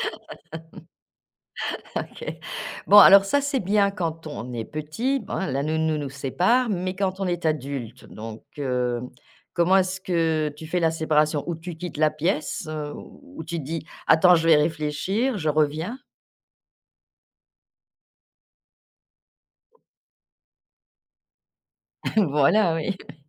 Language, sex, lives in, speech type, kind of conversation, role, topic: French, female, France, conversation, podcast, host, Que faire si l'autre refuse de s'excuser?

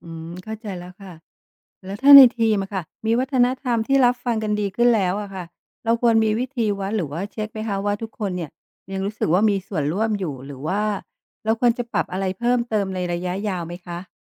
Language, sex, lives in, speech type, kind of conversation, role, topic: Thai, female, Thailand, read, expert-assistant, asker, ถ้าคุณต้องสร้างทีมบริหารเมืองเล็ก ๆ จะวางโครงสร้างหรือแบ่งหน้าที่กันอย่างไรให้ทุกอย่างเดินหน้าได้ราบรื่น?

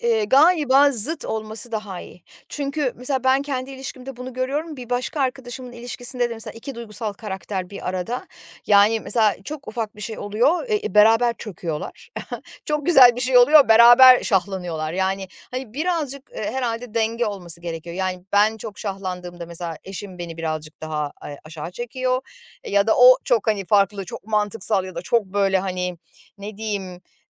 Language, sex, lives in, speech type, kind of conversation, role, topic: Turkish, female, Poland, conversation, podcast, guest, Karar verirken sence duyguların mı yoksa mantığın mı daha ağır basıyor?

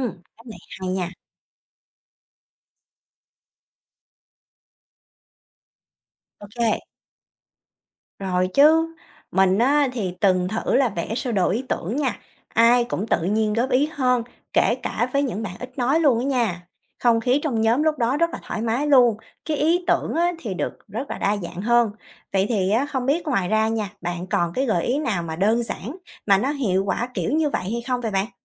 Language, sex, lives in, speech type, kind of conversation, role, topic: Vietnamese, female, Vietnam, read, expert-assistant, asker, Làm thế nào để nhận biết và tránh bị ảnh hưởng bởi đám đông khi ra quyết định?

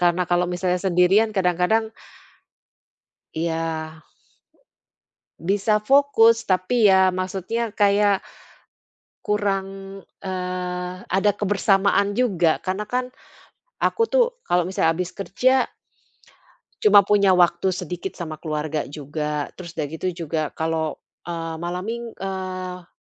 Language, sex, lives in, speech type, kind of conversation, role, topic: Indonesian, female, Netherlands, conversation, advice, user, Bagaimana caranya agar saya tetap termotivasi dan konsisten menjalani hobi saya?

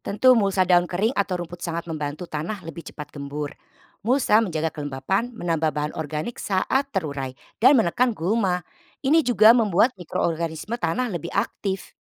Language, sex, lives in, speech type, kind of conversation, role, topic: Indonesian, female, Netherlands, read, expert-assistant, expert, Bagaimana cara mengatur jadwal tanam dan panen agar hasil pertanian tetap lestari?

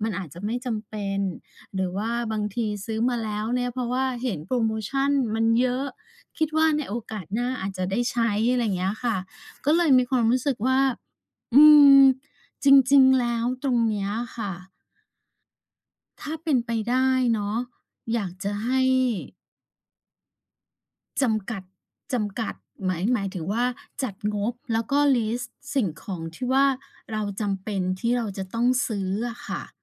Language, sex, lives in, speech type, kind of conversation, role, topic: Thai, female, Thailand, conversation, advice, advisor, คุณเคยซื้อของที่ไม่จำเป็นเพราะกลัวพลาดโปรโมชั่นหรือดีลดีๆ แล้วเอามาเก็บไว้ไหม?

